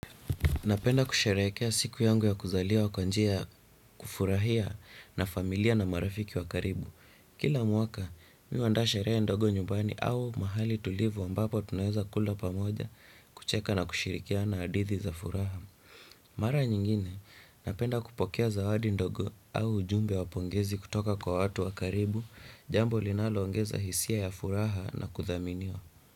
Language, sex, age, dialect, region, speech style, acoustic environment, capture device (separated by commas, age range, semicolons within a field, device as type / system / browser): Swahili, male, 18-24, Kenya - Nairobi Sheng-influenced, Kenya, relaxed; happy, silence, desktop / Windows / Chrome